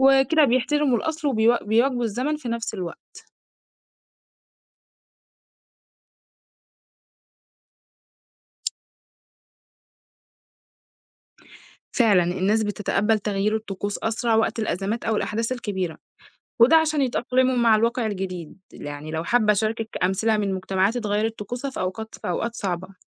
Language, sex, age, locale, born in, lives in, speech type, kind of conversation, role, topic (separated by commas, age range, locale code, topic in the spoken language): Arabic, female, 20-24, ar-EG, Egypt, Egypt, read, expert-assistant, expert, إيه سر وجود طقوس روحية مشتركة بين ثقافات وأديان مختلفة زي الصلاة أو الشموع أو المياه المقدسة؟